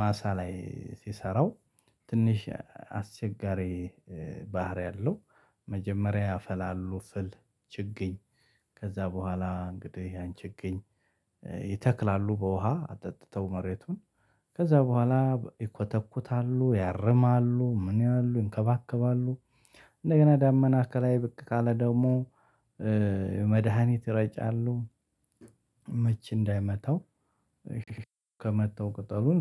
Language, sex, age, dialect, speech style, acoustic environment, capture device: Amharic, male, 35-44, Ethiopia - Addis Ababa, happy; excited, people talking, mobile / Linux / Chrome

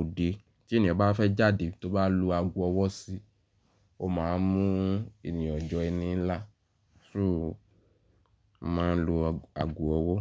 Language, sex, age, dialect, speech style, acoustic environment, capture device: Yoruba, male, 18-24, Nigeria - Ibadan, nervous; focused, silence, mobile / Linux / Chrome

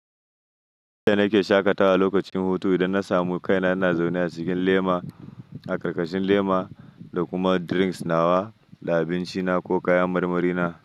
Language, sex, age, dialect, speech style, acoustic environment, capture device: Hausa, male, 25-34, Nigeria - Nigerian Standard, happy, silence; nature, mobile / Linux / Chrome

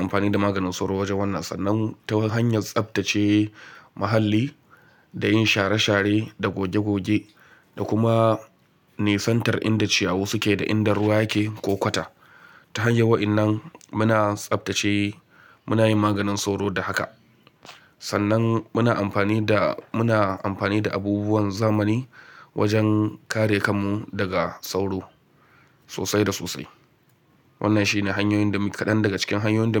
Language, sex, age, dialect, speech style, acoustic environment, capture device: Hausa, male, 25-34, Nigeria - Kano, happy; nervous; focused, silence, mobile / Linux / Chrome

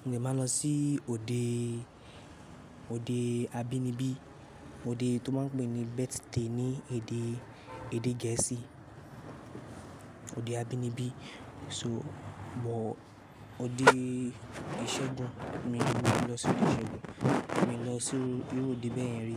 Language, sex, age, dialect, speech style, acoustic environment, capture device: Yoruba, male, 18-24, Nigeria - Lagos Yoruba, relaxed, traffic, mobile / Linux / Chrome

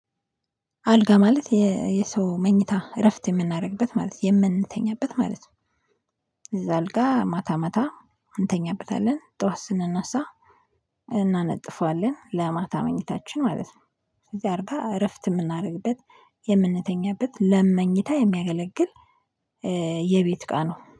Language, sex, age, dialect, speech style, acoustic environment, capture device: Amharic, female, 25-34, Ethiopia - Addis Ababa, happy, silence, mobile / Linux / Chrome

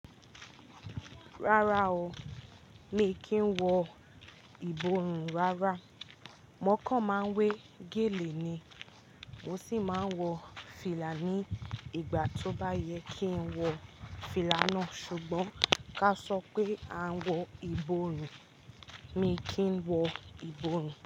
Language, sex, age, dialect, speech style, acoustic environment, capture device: Yoruba, female, 18-24, Nigeria - Ibadan, relaxed, nature, mobile / Linux / Chrome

